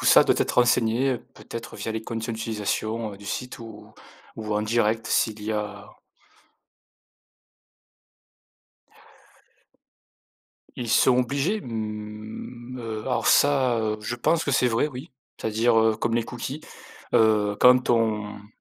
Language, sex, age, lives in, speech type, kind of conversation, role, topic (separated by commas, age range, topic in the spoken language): French, male, 35-39, France, conversation, unstructured, none, Comment garantir une utilisation éthique et transparente de l’IA ?